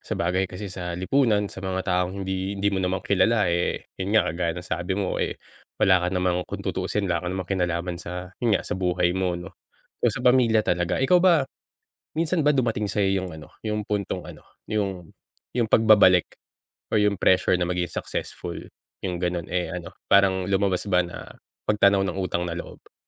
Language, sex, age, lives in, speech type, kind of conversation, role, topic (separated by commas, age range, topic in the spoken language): Filipino, male, 35-39, Philippines, conversation, podcast, host, Paano mo hinaharap ang panggigipit mula sa pamilya o lipunan?